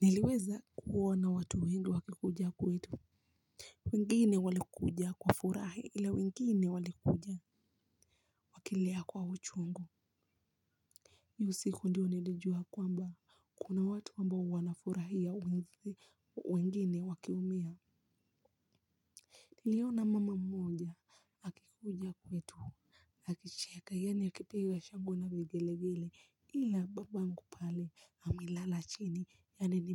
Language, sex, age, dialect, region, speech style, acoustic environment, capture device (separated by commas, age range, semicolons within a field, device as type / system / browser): Swahili, female, 18-24, Kenya - Mombasa, Kenya, relaxed, silence, mobile / Linux / Chrome